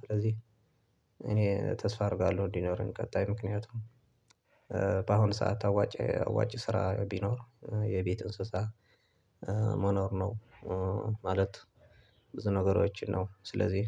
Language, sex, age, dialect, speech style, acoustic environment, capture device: Amharic, male, 25-34, Ethiopia - Tigray, focused; relaxed; anxious, nature, desktop / Linux / Chrome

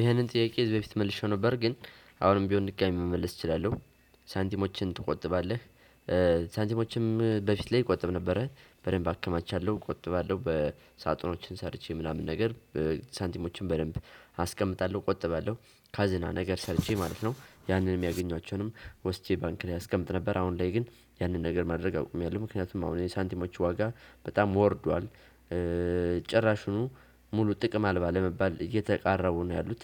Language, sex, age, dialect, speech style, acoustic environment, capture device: Amharic, male, 18-24, Ethiopia - Gojjam, tired; excited, silence, desktop / Windows / Chrome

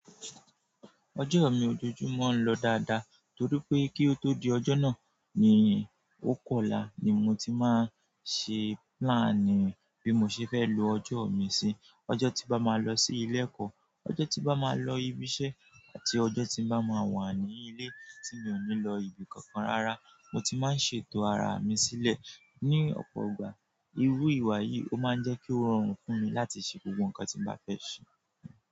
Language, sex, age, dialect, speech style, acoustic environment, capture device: Yoruba, male, 18-24, Nigeria - Nigerian Standard, relaxed; focused, nature, mobile / Android / Chrome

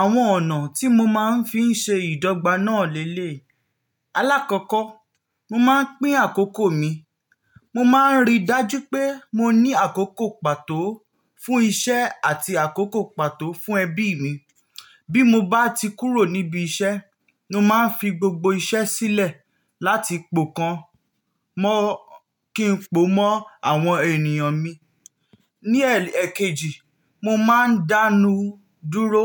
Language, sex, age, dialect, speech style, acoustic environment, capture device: Yoruba, male, 18-24, Nigeria - Nigerian Standard, happy; relaxed, nature; silence, mobile / Linux / Chrome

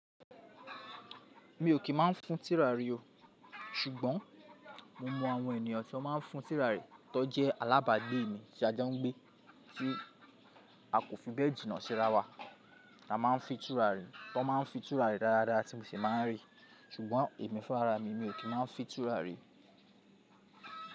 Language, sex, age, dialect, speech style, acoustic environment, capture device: Yoruba, male, 25-34, Nigeria - Lagos Yoruba, focused, people talking; music, mobile / Linux / Chrome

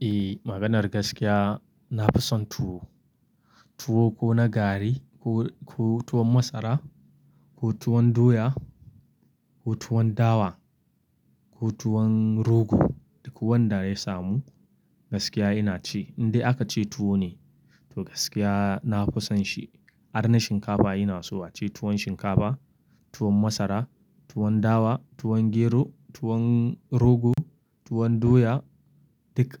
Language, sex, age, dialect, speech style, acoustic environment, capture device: Hausa, male, 25-34, Nigeria - Kano, excited; focused; relaxed; happy, nature, mobile / Linux / Chrome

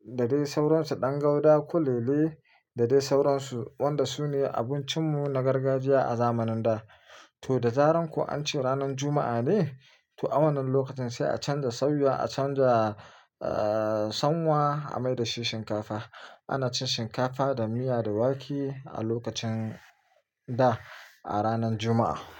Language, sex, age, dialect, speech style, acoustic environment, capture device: Hausa, male, 25-34, Nigeria - Nigerian Standard, happy, silence, mobile / Linux / Chrome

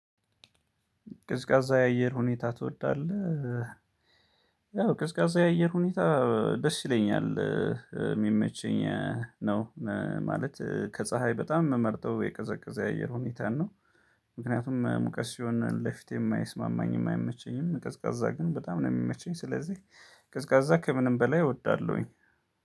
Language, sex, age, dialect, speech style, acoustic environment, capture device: Amharic, male, 35-44, Ethiopia - Gondar, focused; happy, silence, mobile / Linux / Chrome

